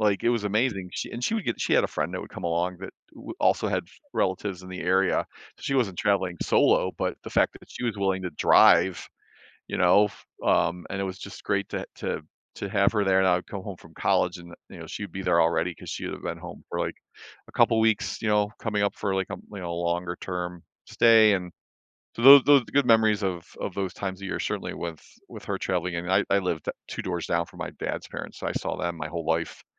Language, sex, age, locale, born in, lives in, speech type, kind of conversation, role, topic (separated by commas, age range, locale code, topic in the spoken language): English, male, 55-59, en-US, United States, United States, conversation, podcast, guest, How do shared experiences and memories make certain meals more meaningful?